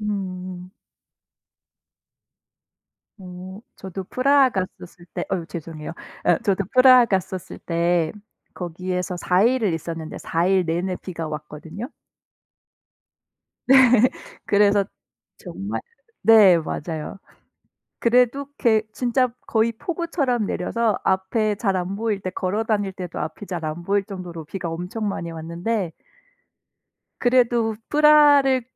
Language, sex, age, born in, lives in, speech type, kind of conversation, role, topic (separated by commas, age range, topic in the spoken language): Korean, female, 35-39, South Korea, Germany, conversation, unstructured, none, 여행 중에 가장 기억에 남는 경험은 무엇인가요?